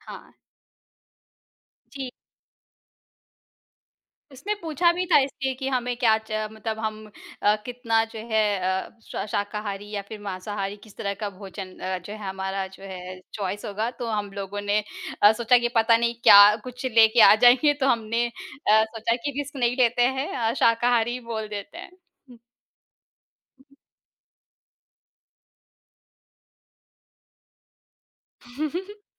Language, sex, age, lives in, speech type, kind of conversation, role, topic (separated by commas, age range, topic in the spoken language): Hindi, female, 35-39, India, conversation, podcast, guest, किस विदेशी दोस्त ने आपको नया खाना खिलाया?